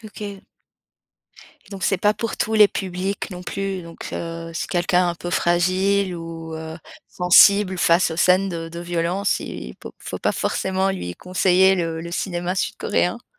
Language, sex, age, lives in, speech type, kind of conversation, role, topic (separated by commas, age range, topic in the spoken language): French, female, 35-39, France, conversation, podcast, host, Quel est le film qui t’a vraiment marqué ?